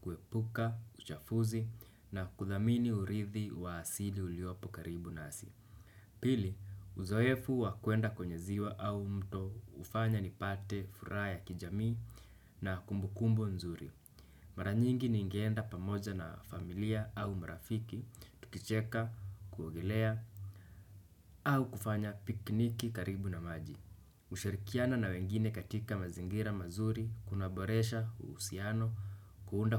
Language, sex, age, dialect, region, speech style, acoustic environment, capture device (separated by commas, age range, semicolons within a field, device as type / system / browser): Swahili, male, 18-24, Kenya - Nairobi Sheng-influenced, Kenya, relaxed, silence, desktop / Windows / Chrome